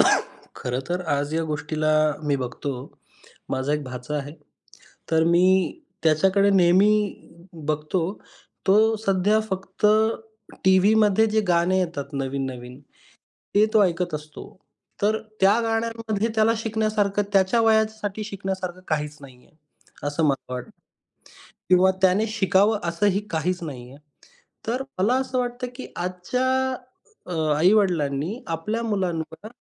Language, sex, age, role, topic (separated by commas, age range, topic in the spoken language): Marathi, male, 25-29, guest, बाळपणीच्या गाण्यांचा तुमच्यावर काय प्रभाव पडला आहे?